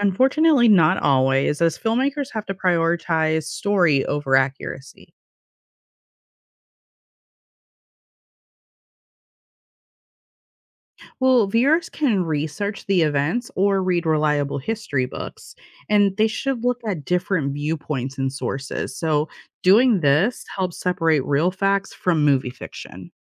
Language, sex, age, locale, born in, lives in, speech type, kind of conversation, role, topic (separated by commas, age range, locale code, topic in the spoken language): English, female, 35-39, en-US, United States, United States, read, expert-assistant, expert, How do films influence public understanding of history?